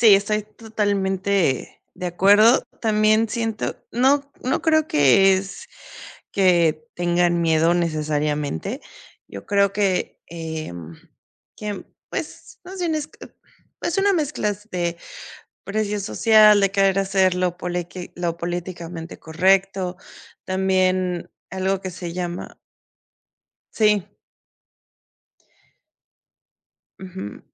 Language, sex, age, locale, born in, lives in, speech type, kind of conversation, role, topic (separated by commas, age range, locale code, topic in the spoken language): Spanish, female, 35-39, es-MX, Mexico, Mexico, conversation, unstructured, none, ¿Crees que los premios de cine y televisión siempre reconocen el talento real?